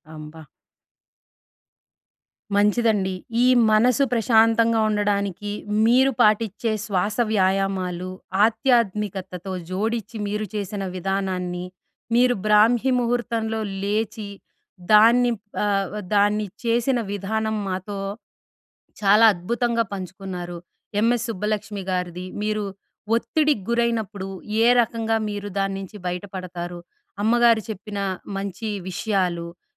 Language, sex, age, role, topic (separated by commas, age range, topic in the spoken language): Telugu, female, 40-44, host, మనసుకు శాంతి కలిగించేందుకు మీరు సాధారణంగా చేసే శ్వాస వ్యాయామం ఏది?